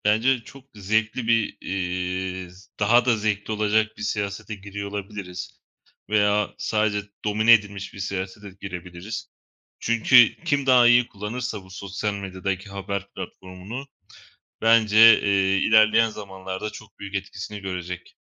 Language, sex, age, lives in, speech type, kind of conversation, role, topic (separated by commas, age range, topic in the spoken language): Turkish, male, 25-29, Poland, conversation, unstructured, none, Sosyal medyanın haber alma şeklimizi nasıl değiştirdiğini düşünüyor musun?